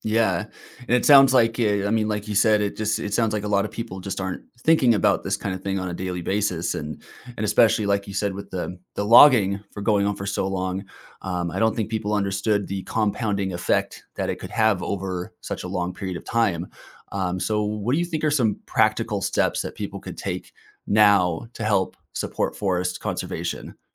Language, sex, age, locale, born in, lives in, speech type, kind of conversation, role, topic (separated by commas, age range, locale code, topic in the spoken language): English, male, 30-34, en-US, United States, United States, conversation, podcast, host, How do healthy forests contribute to a better world for everyone?